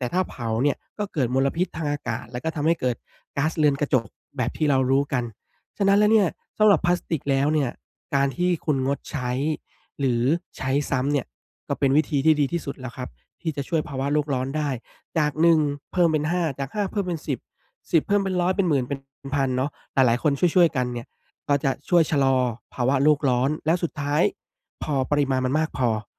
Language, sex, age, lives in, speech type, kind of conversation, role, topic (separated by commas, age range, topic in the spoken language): Thai, male, 30-34, Thailand, conversation, podcast, host, เราจะลดการใช้พลาสติกแบบใช้ครั้งเดียวในชีวิตประจำวันได้อย่างไร?